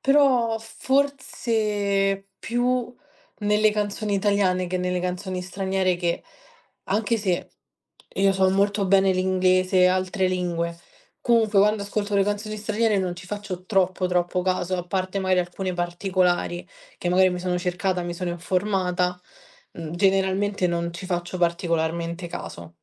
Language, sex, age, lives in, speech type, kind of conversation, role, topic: Italian, female, 20-24, Italy, conversation, podcast, guest, In che modo la musica ti aiuta a capire chi sei?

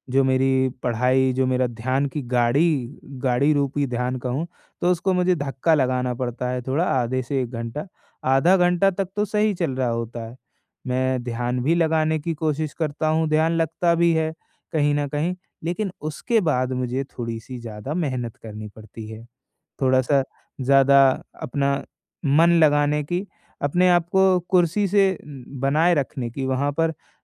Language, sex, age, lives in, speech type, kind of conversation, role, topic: Hindi, male, 20-24, India, conversation, advice, user, मैं विचलन कम करके लगातार ध्यान कैसे बनाए रखूँ?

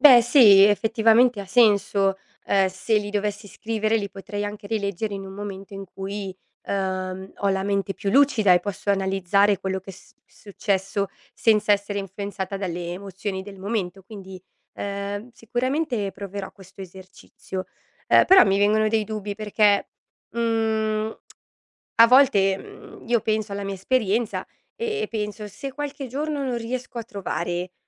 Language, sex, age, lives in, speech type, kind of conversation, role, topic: Italian, female, 30-34, Italy, read, expert-assistant, asker, Perché è importante celebrare i piccoli successi?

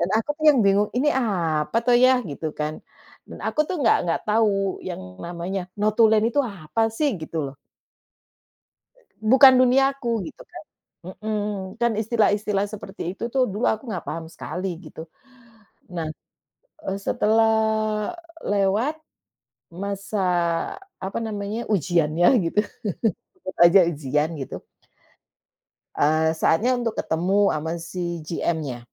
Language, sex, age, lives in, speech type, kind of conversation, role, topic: Indonesian, female, 45-49, Netherlands, conversation, unstructured, none, Apa pengalaman paling tak terduga yang pernah Anda alami saat wawancara kerja?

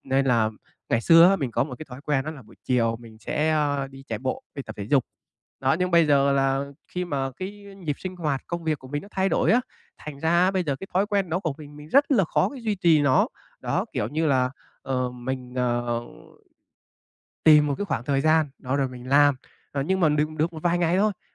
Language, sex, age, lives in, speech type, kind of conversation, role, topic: Vietnamese, male, 25-29, Vietnam, conversation, advice, user, Làm sao tôi có thể duy trì thói quen hằng ngày một cách nhất quán trong thời gian dài?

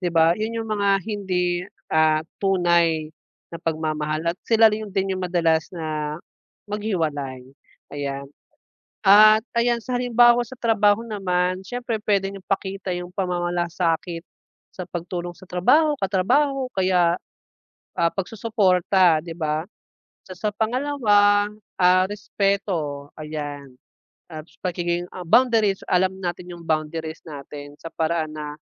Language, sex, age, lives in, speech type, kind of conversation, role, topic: Filipino, male, 25-29, Philippines, conversation, unstructured, none, Paano mo ipinapakita ang pagmamahal mo araw-araw?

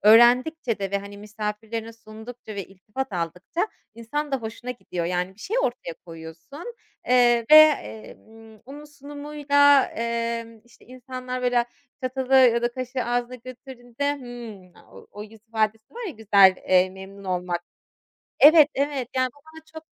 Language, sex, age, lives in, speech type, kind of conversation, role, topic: Turkish, female, 30-34, Germany, conversation, podcast, guest, Yemek yapmayı öğrenmek isteyen birine nereden başlamasını önerirsin?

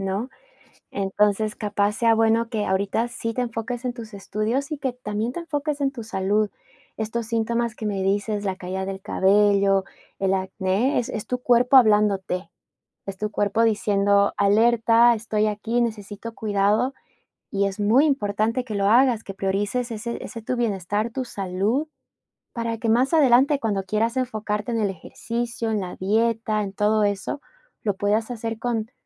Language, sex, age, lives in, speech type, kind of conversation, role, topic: Spanish, female, 30-34, United States, conversation, advice, advisor, ¿Qué puedo hacer si me frustra no ver mejoras en mi rendimiento?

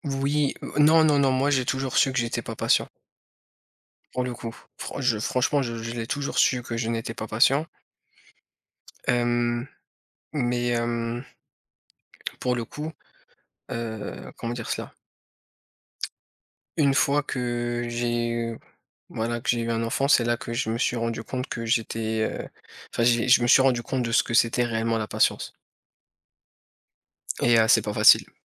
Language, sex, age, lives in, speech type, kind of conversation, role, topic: French, male, 30-34, France, conversation, unstructured, none, Quelle est la plus grande leçon que vous ayez tirée sur l’importance de la patience ?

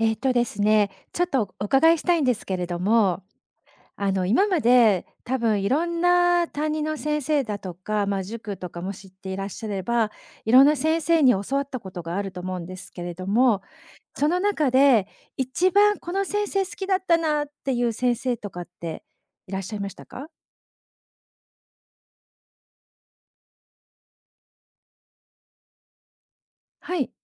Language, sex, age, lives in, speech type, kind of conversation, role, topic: Japanese, female, 50-54, Japan, conversation, podcast, host, その先生のどんなところが好きでしたか？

